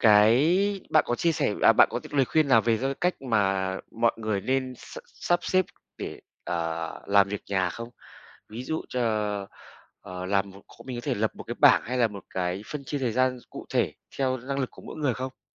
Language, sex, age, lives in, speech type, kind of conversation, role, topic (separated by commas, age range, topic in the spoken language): Vietnamese, male, 35-39, Vietnam, conversation, podcast, host, Bạn nghĩ thế nào về việc chia sẻ công việc nhà trong gia đình?